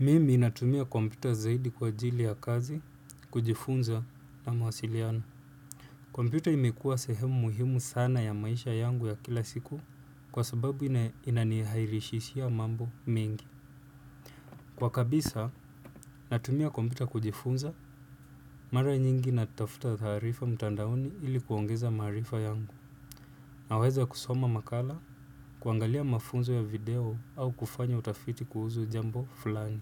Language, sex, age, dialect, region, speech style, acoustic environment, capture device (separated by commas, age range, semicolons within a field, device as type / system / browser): Swahili, male, 25-34, Kenya - Nairobi Sheng-influenced, Kenya, relaxed, silence, desktop / Windows / Chrome